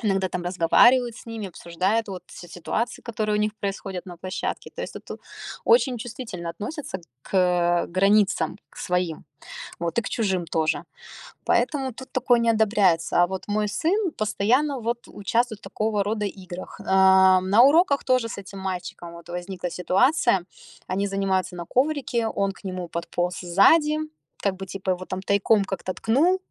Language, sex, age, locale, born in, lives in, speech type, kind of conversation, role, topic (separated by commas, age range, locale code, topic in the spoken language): Russian, female, 30-34, ru-RU, Russia, United States, conversation, advice, user, Как мне установить личные границы и добиться уважения в новой культуре?